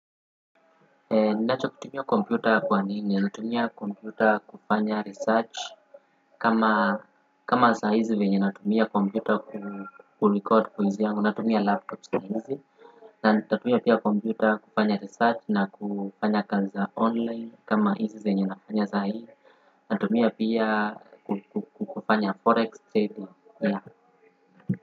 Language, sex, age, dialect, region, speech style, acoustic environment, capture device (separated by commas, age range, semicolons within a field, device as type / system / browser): Swahili, male, 18-24, Kenya - Nairobi Sheng-influenced, Kenya, happy, people talking, desktop / Windows / Firefox